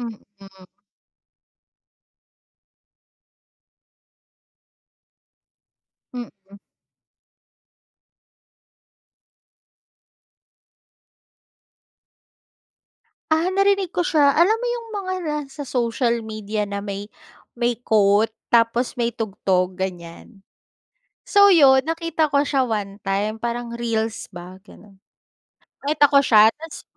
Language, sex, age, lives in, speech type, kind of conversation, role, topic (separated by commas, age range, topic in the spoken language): Filipino, female, 35-39, Philippines, conversation, podcast, guest, Anong kanta ang pinakanaglalarawan sa panahong ito ng buhay mo?